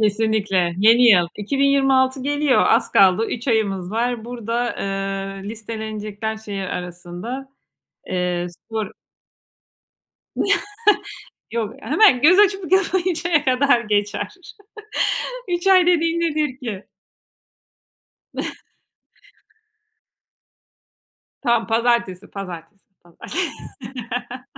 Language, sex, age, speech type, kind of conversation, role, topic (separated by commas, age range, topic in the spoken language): Turkish, female, 40-44, conversation, unstructured, none, Düzenli spor yapmanın sence ne gibi faydaları var?